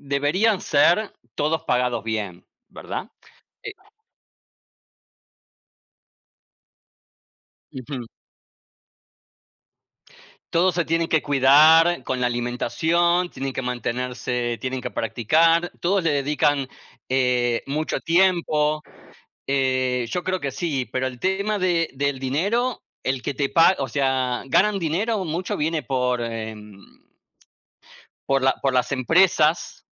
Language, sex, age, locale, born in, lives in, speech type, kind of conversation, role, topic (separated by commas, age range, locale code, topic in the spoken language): Spanish, male, 45-49, es-AR, Argentina, United States, conversation, unstructured, none, ¿Te parece justo que algunos deportes estén mucho mejor pagados que otros?